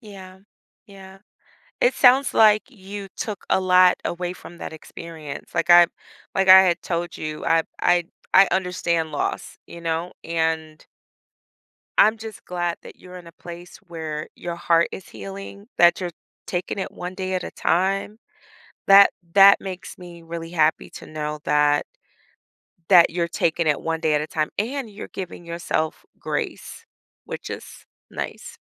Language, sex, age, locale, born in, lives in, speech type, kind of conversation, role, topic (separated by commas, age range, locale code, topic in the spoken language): English, female, 40-44, en-US, United States, United States, conversation, podcast, host, How do people find comfort and healing after experiencing loss?